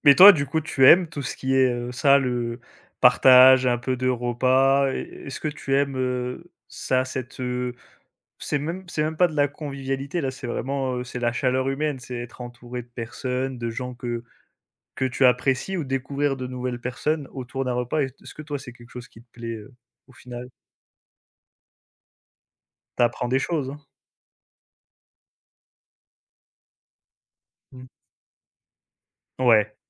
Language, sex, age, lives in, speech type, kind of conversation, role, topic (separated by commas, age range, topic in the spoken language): French, male, 25-29, France, conversation, unstructured, none, Qu’est-ce que tu aimes dans les repas partagés avec d’autres personnes ?